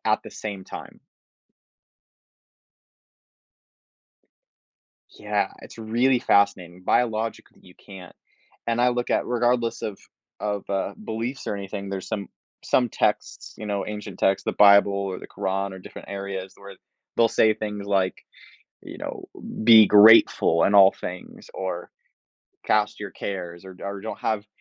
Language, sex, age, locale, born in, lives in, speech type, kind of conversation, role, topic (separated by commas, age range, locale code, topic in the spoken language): English, male, 20-24, en-US, United States, United States, conversation, podcast, guest, How do small everyday moments contribute to your overall happiness?